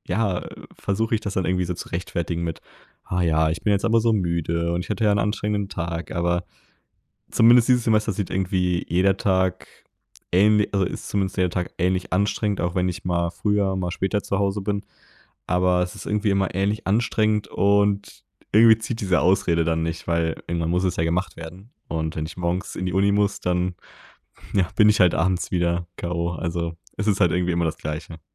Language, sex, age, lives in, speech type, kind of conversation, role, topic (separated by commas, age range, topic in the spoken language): German, male, 20-24, Germany, conversation, advice, user, Wie kann ich meine tägliche Selbstdisziplin langfristig aufrechterhalten?